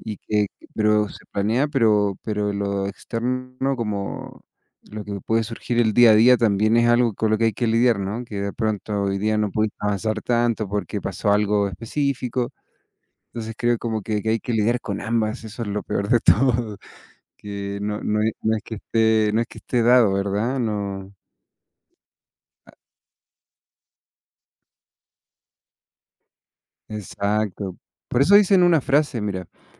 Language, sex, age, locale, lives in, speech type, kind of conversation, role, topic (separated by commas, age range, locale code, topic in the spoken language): Spanish, male, 30-34, es-CL, Mexico, conversation, unstructured, none, ¿Cómo te motivas cuando te sientes desanimado?